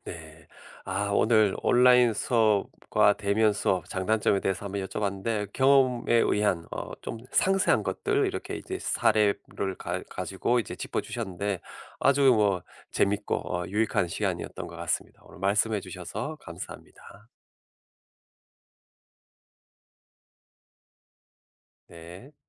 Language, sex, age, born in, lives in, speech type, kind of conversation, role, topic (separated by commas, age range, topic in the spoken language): Korean, male, 50-54, South Korea, United States, conversation, podcast, host, 온라인 수업과 대면 수업의 장단점은 무엇인가요?